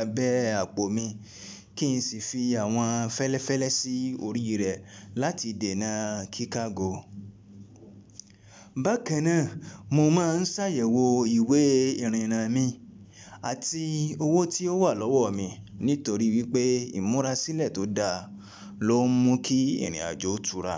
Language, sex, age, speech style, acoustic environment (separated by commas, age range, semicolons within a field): Yoruba, male, 25-34, relaxed, appliances